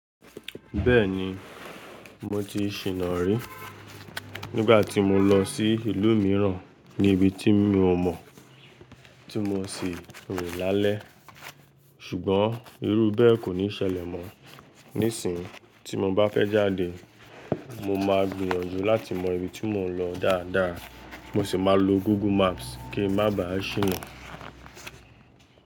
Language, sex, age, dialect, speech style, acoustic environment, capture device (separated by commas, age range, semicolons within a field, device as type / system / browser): Yoruba, male, 18-24, Nigeria - Lagos Yoruba, relaxed, people talking, mobile / Linux / Chrome